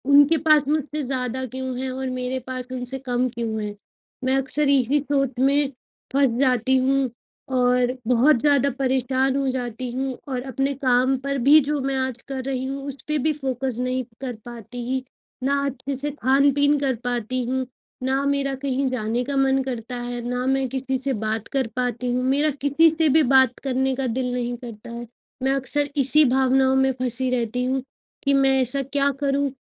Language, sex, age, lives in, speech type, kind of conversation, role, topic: Hindi, female, 25-29, India, conversation, advice, user, मैं लंबे समय तक प्रेरित कैसे बना रहूँ?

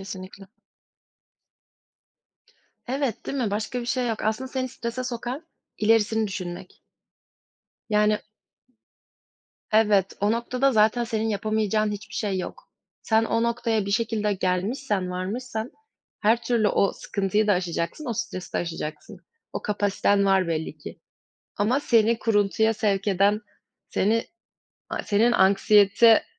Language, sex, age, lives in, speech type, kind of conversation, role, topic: Turkish, female, 20-24, Germany, conversation, unstructured, none, Kendimize karşı şefkatli olmak neden önemlidir?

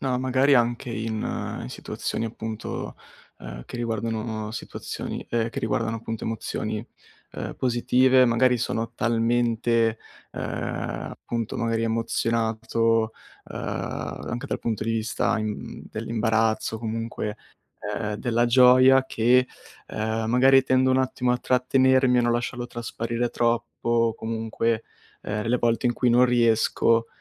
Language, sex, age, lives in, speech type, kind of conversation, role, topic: Italian, male, 20-24, Italy, conversation, advice, user, Come posso evitare di farmi coinvolgere dalle emozioni quando mi sento sopraffatto?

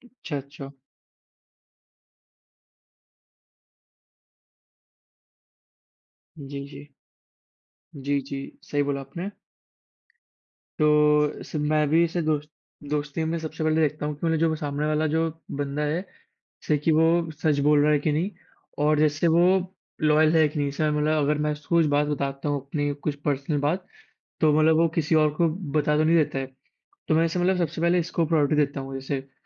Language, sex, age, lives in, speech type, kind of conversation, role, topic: Hindi, male, 18-19, India, conversation, unstructured, none, आप दोस्त चुनते समय किन गुणों को सबसे अधिक प्राथमिकता देते हैं?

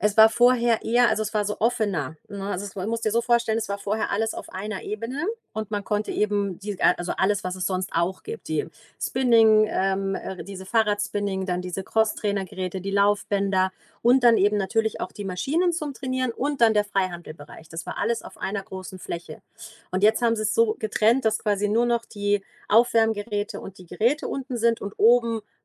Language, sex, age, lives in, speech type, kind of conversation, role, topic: German, female, 40-44, Germany, conversation, advice, user, Wodurch fühlst du dich im Fitnessstudio unsicher oder schämst dich, und in welchen Situationen ist dieses Gefühl am stärksten?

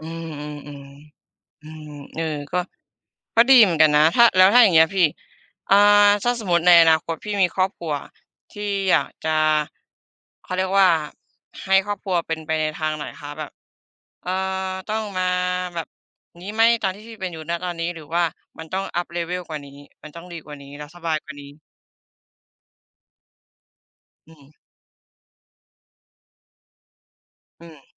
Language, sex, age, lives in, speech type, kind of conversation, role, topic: Thai, female, 25-29, Thailand, conversation, unstructured, none, อนาคตที่คุณฝันไว้คืออะไร?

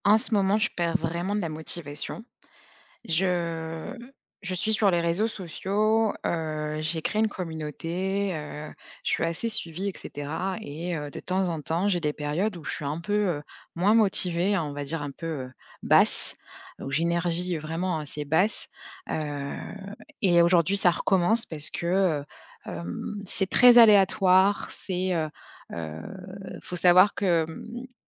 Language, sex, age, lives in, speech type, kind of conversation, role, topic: French, female, 30-34, France, conversation, advice, user, Comment gérer l’épuisement et la perte de motivation après plusieurs mois sans progrès visibles ?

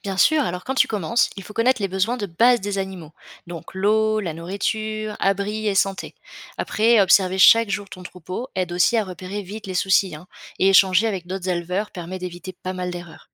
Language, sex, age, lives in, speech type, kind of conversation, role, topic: French, female, 25-29, France, read, expert-assistant, expert, Quelles sont les bases à connaître pour bien s’occuper d’un troupeau aujourd’hui ?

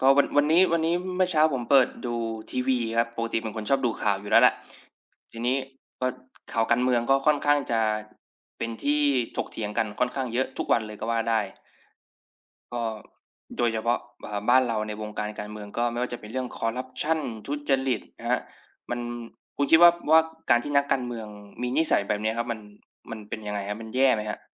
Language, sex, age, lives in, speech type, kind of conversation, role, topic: Thai, male, 25-29, Thailand, conversation, unstructured, none, คุณรู้สึกอย่างไรเมื่อเห็นข่าวการทุจริตในวงการเมือง?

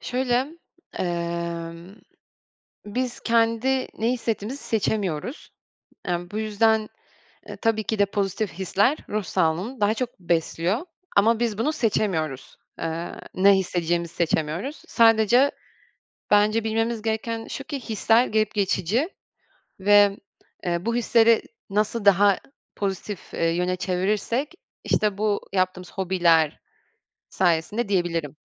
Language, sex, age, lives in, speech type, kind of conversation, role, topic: Turkish, female, 25-29, France, conversation, podcast, guest, Hobiler ruh sağlığına nasıl iyi gelir, somut bir örnek verebilir misiniz?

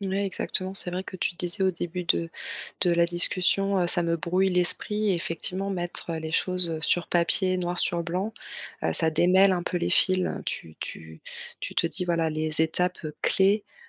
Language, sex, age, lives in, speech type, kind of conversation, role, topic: French, female, 35-39, France, conversation, advice, advisor, Comment puis-je magasiner sans me sentir dépassé et stressé ?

